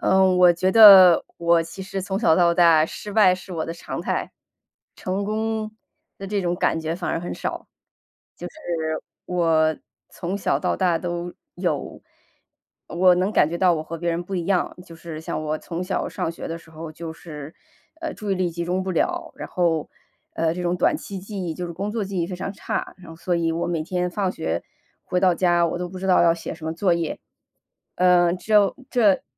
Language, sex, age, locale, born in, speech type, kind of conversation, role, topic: Chinese, female, 35-39, zh-CN, China, conversation, podcast, guest, 有哪次失败反而改变了你的人生方向吗？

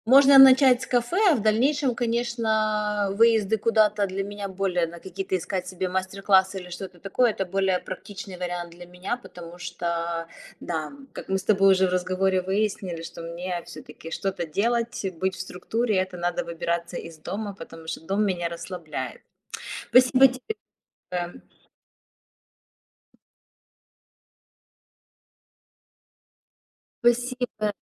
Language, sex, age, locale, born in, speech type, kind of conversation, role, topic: Russian, female, 35-39, ru-RU, Ukraine, conversation, advice, user, Как найти время и мотивацию для любимого хобби?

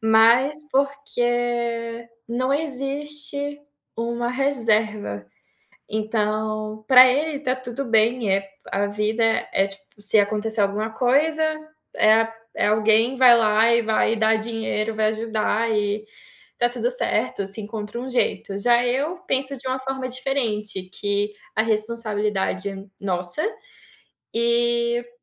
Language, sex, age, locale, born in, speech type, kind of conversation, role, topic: Portuguese, female, 25-29, pt-BR, Brazil, conversation, advice, user, Como lidar com uma briga com seu parceiro sobre prioridades financeiras e a divisão de gastos?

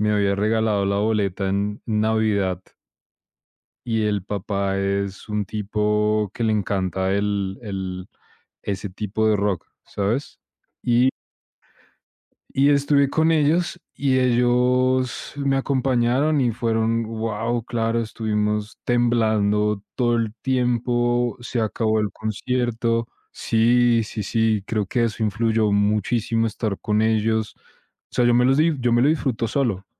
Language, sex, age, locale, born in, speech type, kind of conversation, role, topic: Spanish, male, 25-29, es-CO, Colombia, conversation, unstructured, none, ¿Cuál fue el concierto o espectáculo que más disfrutaste?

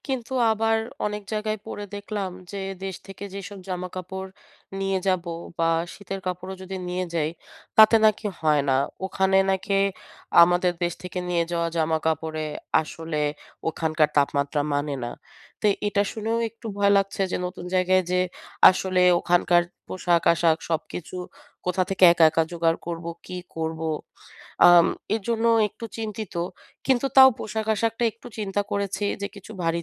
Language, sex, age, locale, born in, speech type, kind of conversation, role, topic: Bengali, female, 30-34, bn-BD, Bangladesh, conversation, advice, user, নতুন শহরের ভিন্ন আবহাওয়া ও তাপমাত্রায় কীভাবে মানিয়ে নেব?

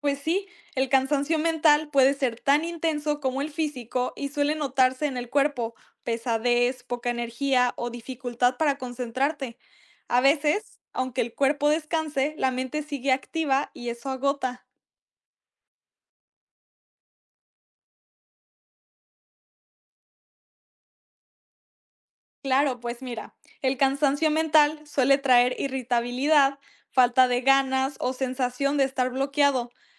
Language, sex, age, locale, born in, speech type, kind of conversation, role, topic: Spanish, female, 18-19, es-MX, Mexico, read, expert-assistant, expert, ¿Cuáles podrían ser las causas si últimamente me siento muy cansado aunque duermo bien?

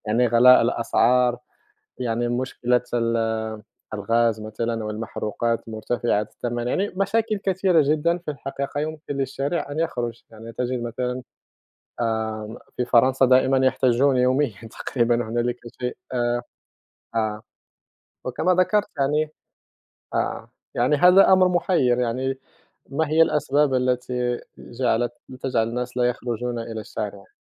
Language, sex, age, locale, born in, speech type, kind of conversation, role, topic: Arabic, male, 30-34, ar-MA, Morocco, conversation, unstructured, none, إيه التغييرات اللي نفسك تشوفها في نظام الانتخابات؟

